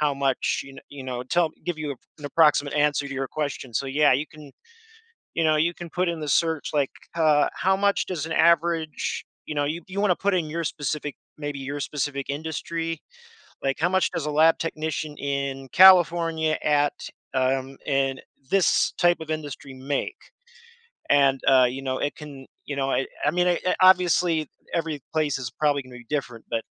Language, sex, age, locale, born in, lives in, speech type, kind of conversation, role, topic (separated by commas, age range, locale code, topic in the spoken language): English, male, 30-34, en-US, United States, United States, conversation, advice, advisor, How do I adjust to my new role and build confidence after an unexpected promotion?